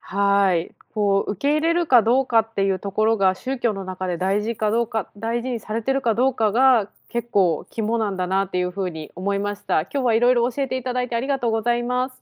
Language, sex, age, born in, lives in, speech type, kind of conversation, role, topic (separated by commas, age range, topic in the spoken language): Japanese, female, 30-34, Japan, United States, read, expert-assistant, asker, 宗教によって神様の数や考え方はなぜ違うのですか？